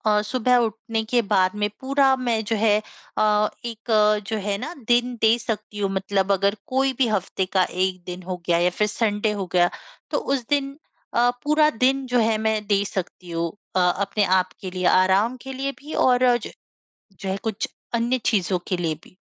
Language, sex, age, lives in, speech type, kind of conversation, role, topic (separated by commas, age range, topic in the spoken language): Hindi, female, 25-29, India, conversation, advice, user, मैं सप्ताहांत में आराम और काम के बीच संतुलन कैसे बना सकता/सकती हूँ?